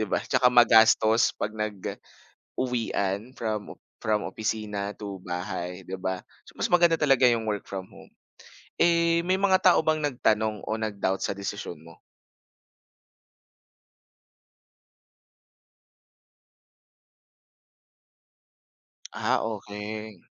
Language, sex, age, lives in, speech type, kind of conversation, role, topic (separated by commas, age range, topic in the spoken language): Filipino, male, 25-29, Philippines, conversation, podcast, host, Anong mga kapalit ang kinailangan mong tiisin para makapagpalit ng karera?